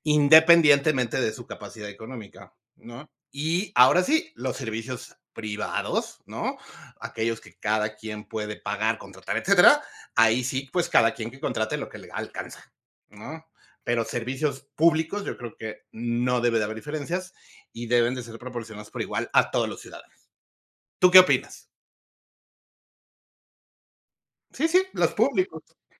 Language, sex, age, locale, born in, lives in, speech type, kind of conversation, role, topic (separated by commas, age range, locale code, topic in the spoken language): Spanish, male, 45-49, es-MX, Mexico, Mexico, conversation, unstructured, none, ¿Es justo que algunos barrios tengan más servicios que otros?